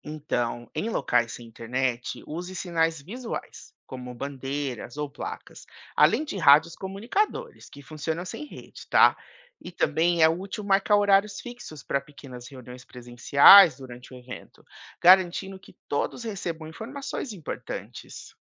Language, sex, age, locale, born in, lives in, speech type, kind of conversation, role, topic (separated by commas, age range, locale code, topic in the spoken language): Portuguese, male, 30-34, pt-BR, Brazil, United States, read, expert-assistant, expert, Como descobrir se as previsões do tempo que vemos na mídia são realmente baseadas em dados confiáveis?